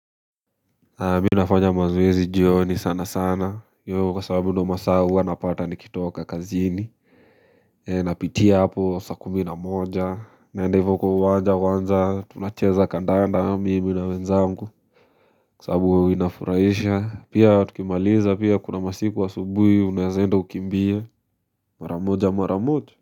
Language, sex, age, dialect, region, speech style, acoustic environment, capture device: Swahili, male, 18-24, Kenya - Nairobi Sheng-influenced, Kenya, focused, silence, mobile / Linux / Chrome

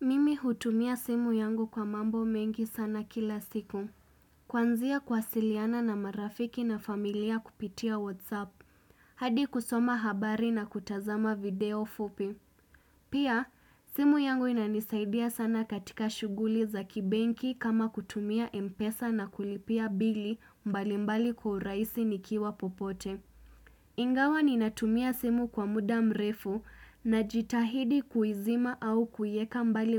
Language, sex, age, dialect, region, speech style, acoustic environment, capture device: Swahili, female, 25-34, Kenya - Mombasa, Kenya, happy; relaxed; focused; excited, silence, desktop / Windows / Chrome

